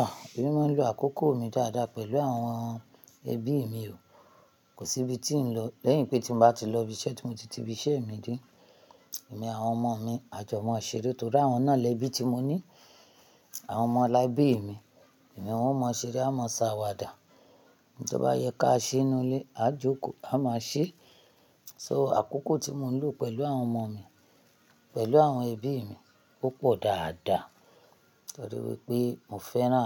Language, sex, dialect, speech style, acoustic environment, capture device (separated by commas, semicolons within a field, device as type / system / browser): Yoruba, female, Nigeria - Ibadan, happy, silence, mobile / Linux / Chrome